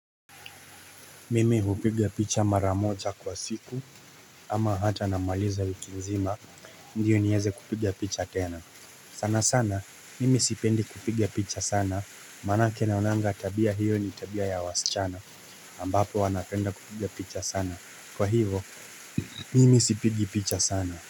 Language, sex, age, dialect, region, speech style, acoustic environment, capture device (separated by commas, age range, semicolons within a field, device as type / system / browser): Swahili, male, 25-34, Kenya - Nairobi Sheng-influenced, Kenya, relaxed, silence, mobile / Linux / Chrome